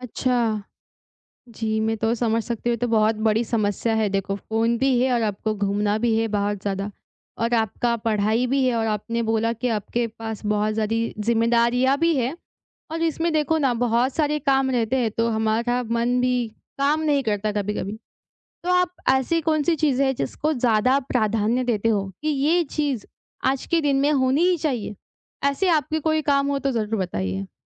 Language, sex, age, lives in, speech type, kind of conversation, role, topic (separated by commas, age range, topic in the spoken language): Hindi, female, 20-24, India, conversation, advice, advisor, मैं कैसे तय करूँ कि मेरे लिए सबसे ज़रूरी क्या है?